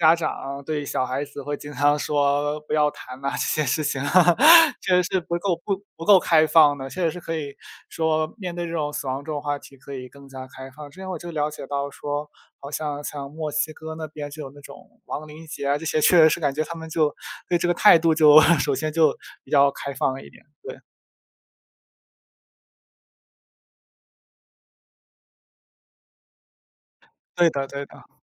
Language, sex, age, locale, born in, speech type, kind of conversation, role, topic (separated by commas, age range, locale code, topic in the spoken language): Chinese, male, 20-24, zh-CN, China, conversation, unstructured, none, 你觉得人们应该如何面对亲人的离世？